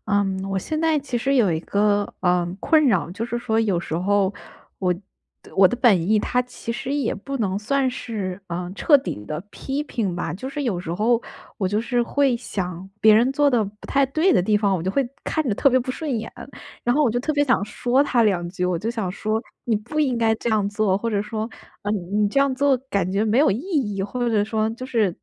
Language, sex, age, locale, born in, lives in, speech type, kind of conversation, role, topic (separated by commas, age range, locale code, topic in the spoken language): Chinese, female, 25-29, zh-CN, China, United States, conversation, advice, user, 怎样让别人更容易接受我的批评？